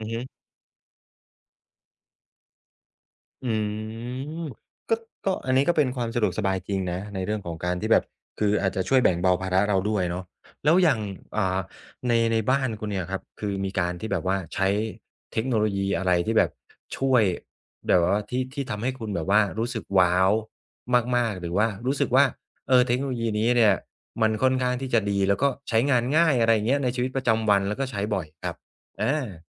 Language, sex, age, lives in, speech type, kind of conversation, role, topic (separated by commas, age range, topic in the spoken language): Thai, male, 35-39, Thailand, conversation, podcast, host, คุณคิดว่าเทคโนโลยีช่วยให้ผู้สูงอายุอยู่บ้านได้อย่างมั่นใจมากขึ้นได้อย่างไร?